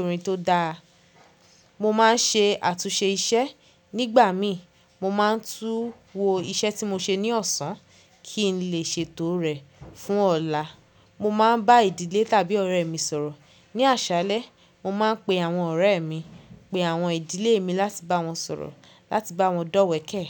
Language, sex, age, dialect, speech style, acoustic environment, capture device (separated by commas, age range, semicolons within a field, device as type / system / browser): Yoruba, female, 25-34, Nigeria - Lagos Yoruba, happy; focused, people talking; nature, mobile / Linux / Chrome